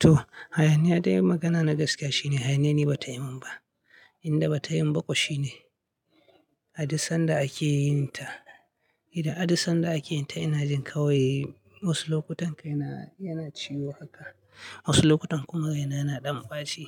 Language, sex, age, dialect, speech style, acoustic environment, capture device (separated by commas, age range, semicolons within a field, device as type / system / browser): Hausa, male, 25-34, Nigeria - Katsina, happy; anxious; nervous; excited; focused; tired; relaxed; stressed, people talking; music; nature; silence; traffic; construction; appliances, mobile / Linux / Chrome